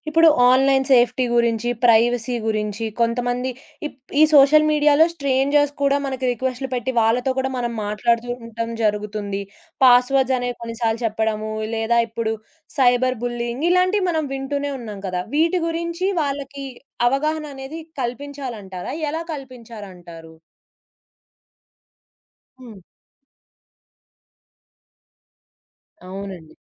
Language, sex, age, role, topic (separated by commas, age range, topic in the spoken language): Telugu, female, 20-24, host, పిల్లలకు స్క్రీన్ టైమ్ గురించి ఏ నియమాలు పెట్టతావు?